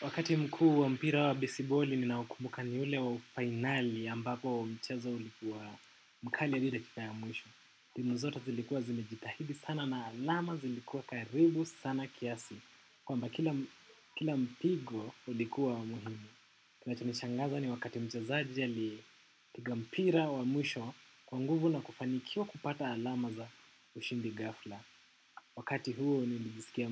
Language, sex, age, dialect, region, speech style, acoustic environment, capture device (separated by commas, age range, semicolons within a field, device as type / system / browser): Swahili, male, 18-24, Kenya - Nairobi Sheng-influenced, Kenya, focused; relaxed, silence, desktop / macOS / Chrome